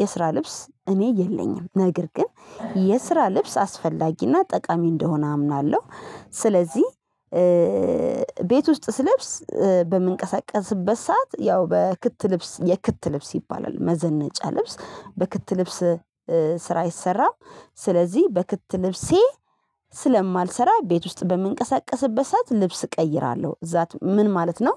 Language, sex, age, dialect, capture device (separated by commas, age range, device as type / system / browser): Amharic, female, 25-34, Ethiopia - Addis Ababa, mobile / Linux / Chrome